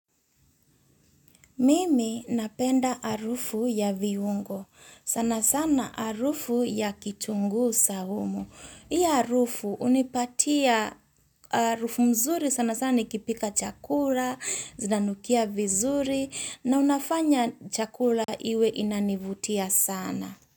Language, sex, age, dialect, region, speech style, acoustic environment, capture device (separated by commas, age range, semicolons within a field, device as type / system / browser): Swahili, female, 35-44, Kenya - Mombasa, Kenya, happy; relaxed; excited; focused, silence; appliances, mobile / Linux / Chrome